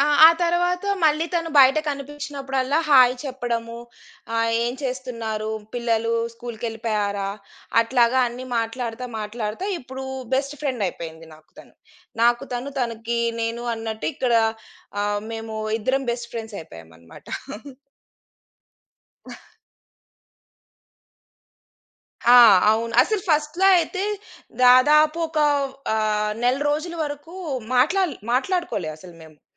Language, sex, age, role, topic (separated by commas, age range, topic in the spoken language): Telugu, female, 35-39, guest, పరిచయం మొదలుపెట్టేటప్పుడు తొలి అడుగు ఏమిటి?